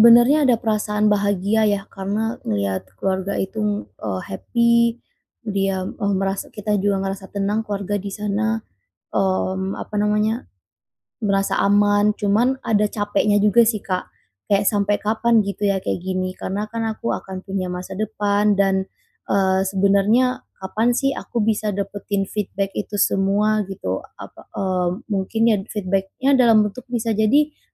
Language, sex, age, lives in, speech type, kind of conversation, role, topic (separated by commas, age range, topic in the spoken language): Indonesian, female, 25-29, Indonesia, conversation, advice, user, Bagaimana cara mengurangi beban memberi tanpa selalu mengharapkan balasan?